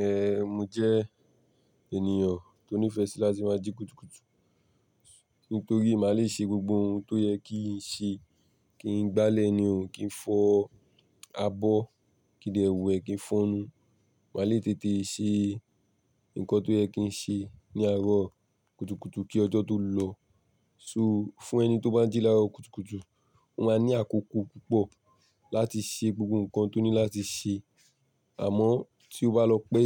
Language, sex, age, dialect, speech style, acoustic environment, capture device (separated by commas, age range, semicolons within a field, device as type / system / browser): Yoruba, male, 18-24, Nigeria - Lagos Yoruba, tired, people talking, mobile / Linux / Chrome